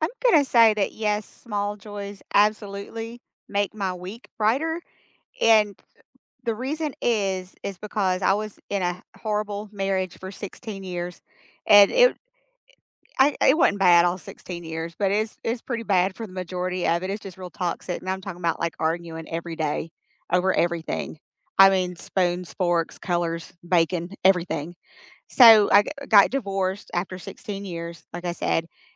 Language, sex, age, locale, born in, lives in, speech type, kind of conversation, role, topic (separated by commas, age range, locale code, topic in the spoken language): English, female, 50-54, en-US, United States, United States, conversation, unstructured, none, Do small joys really make your week brighter, and which little moments stood out for you?